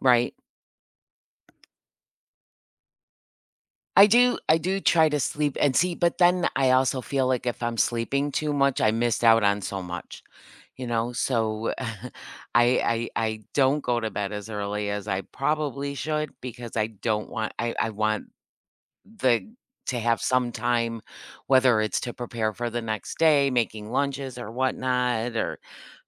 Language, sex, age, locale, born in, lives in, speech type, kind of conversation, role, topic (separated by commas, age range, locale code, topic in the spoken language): English, female, 55-59, en-US, United States, United States, conversation, advice, user, How can I recharge in small ways during a packed day?